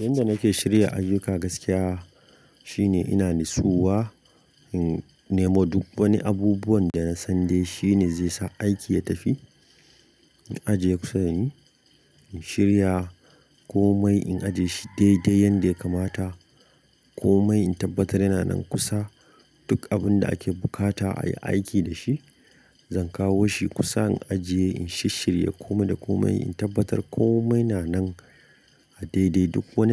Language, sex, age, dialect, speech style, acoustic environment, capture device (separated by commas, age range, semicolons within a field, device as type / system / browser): Hausa, male, 25-34, Nigeria - Kano, happy; focused, silence; nature, mobile / Linux / Chrome